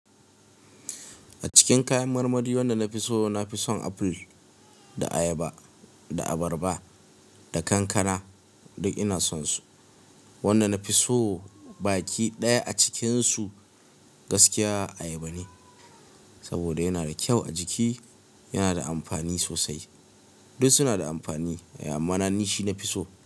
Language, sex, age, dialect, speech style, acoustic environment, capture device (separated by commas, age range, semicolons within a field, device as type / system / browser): Hausa, male, 25-34, Nigeria - Kano, relaxed, nature; silence, mobile / Linux / Chrome